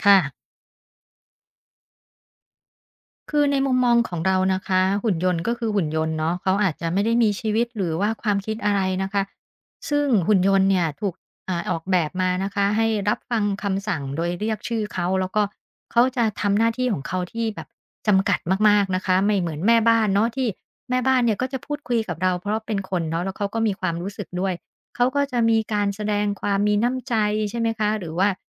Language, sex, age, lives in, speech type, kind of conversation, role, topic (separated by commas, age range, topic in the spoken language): Thai, female, 50-54, Thailand, conversation, podcast, guest, เทคโนโลยีจะเปลี่ยนวิธีการทำงานของเราอย่างไรในอีกสิบปีข้างหน้า?